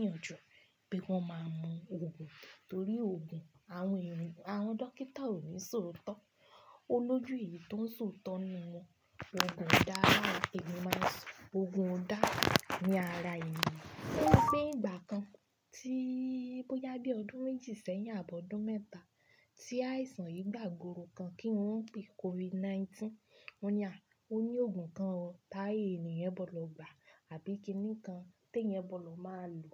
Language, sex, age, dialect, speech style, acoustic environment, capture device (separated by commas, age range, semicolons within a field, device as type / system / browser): Yoruba, female, 25-34, Nigeria - Ibadan, happy, silence, desktop / Linux / Chrome